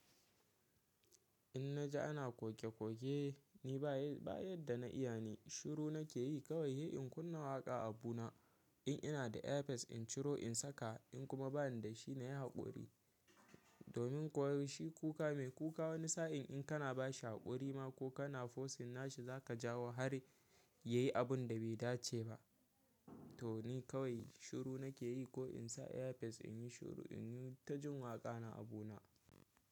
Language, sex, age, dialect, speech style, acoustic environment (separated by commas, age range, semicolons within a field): Hausa, male, 18-24, Nigeria - Kano, happy, silence